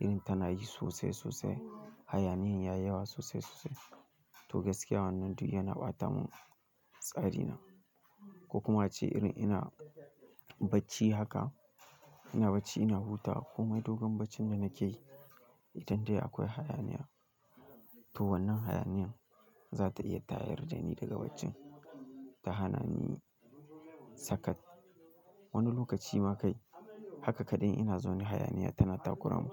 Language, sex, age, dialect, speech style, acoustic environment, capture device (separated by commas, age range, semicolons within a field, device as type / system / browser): Hausa, male, 18-24, Nigeria - Kano, focused, nature; people talking, mobile / Linux / Chrome